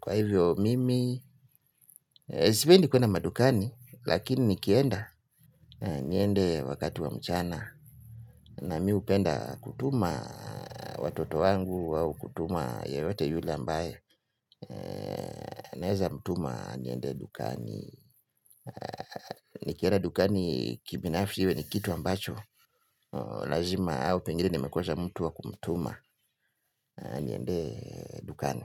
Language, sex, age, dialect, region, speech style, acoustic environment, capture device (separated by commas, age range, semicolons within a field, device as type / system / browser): Swahili, male, 35-44, Kenya - Mombasa, Kenya, happy, people talking; traffic, mobile / Linux / Chrome